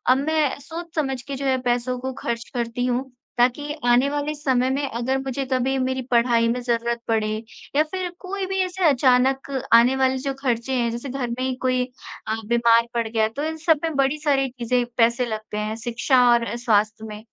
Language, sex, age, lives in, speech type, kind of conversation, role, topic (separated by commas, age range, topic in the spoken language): Hindi, female, 25-29, India, conversation, unstructured, none, पैसों की योजना बनाकर तनाव कैसे कम किया जा सकता है?